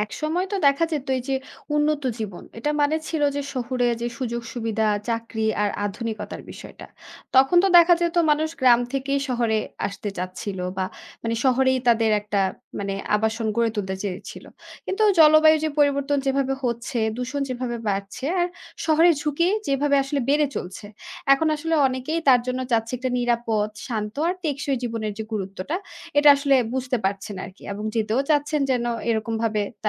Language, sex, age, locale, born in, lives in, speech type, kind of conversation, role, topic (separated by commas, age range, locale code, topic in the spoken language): Bengali, female, 25-29, bn-BD, Bangladesh, Bangladesh, read, expert-assistant, expert, জলবায়ু পরিবর্তন আমাদের জীবনধারায় কী ধরনের পরিবর্তন আনছে?